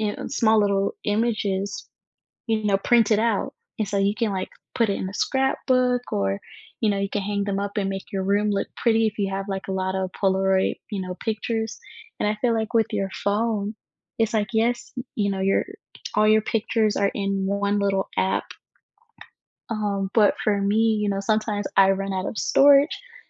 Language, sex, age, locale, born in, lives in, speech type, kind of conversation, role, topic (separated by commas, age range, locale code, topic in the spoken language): English, female, 20-24, en-US, United States, United States, conversation, unstructured, none, Do you prefer using your phone or a camera to capture memories, and why is that meaningful to you?